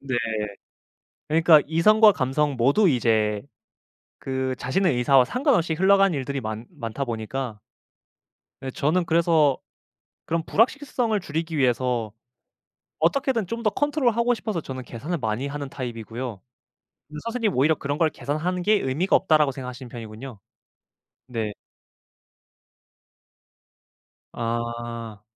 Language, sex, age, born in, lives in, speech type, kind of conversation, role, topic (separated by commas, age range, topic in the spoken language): Korean, male, 25-29, South Korea, Japan, conversation, podcast, host, 선택을 앞두고 직감을 믿는 편이신가요, 아니면 꼼꼼히 따져보는 편이신가요?